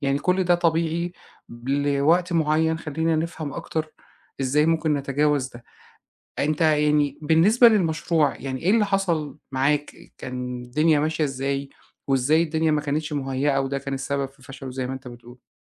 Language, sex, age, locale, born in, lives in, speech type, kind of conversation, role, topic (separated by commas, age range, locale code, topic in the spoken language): Arabic, male, 40-44, ar-EG, Egypt, Egypt, conversation, advice, advisor, الإحباط بعد فشل مشروع مهم